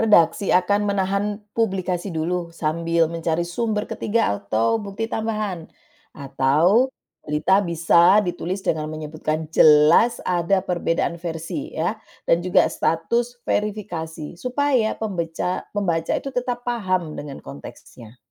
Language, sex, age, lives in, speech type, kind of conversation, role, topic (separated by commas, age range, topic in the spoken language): Indonesian, female, 45-49, Netherlands, read, expert-assistant, expert, Bagaimana menentukan prioritas antara kecepatan dan ketepatan saat meliput berita penting?